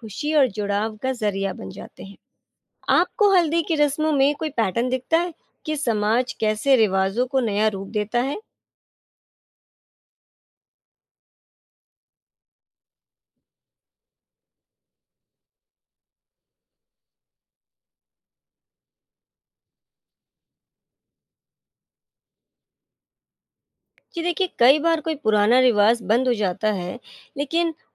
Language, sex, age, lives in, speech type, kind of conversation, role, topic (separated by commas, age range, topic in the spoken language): Hindi, female, 35-39, India, read, expert-assistant, expert, कुछ रीति-रिवाज हर जगह मिलते हैं, लेकिन उनका मतलब जगह-जगह बदल जाता है — ऐसा क्यों होता है?